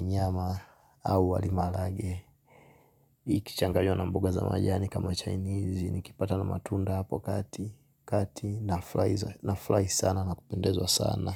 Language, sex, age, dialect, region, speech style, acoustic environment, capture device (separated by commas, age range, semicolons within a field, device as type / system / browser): Swahili, male, 25-34, Tanzania - Dar es Salaam, Tanzania, relaxed, silence, mobile / Linux / Chrome